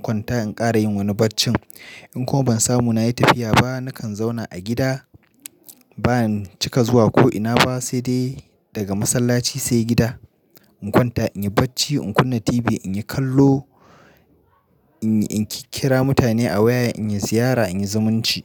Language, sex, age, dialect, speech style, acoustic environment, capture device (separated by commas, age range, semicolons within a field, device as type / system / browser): Hausa, male, 25-34, Nigeria - Nigerian Standard, happy; excited; focused, nature; silence; appliances, mobile / Linux / Chrome